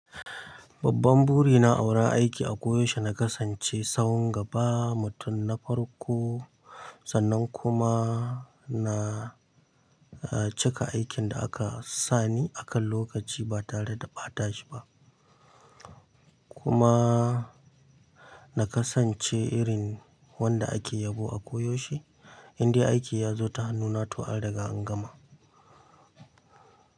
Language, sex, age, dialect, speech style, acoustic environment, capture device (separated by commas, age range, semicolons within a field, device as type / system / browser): Hausa, male, 25-34, Nigeria - Kano, excited, nature, mobile / Linux / Chrome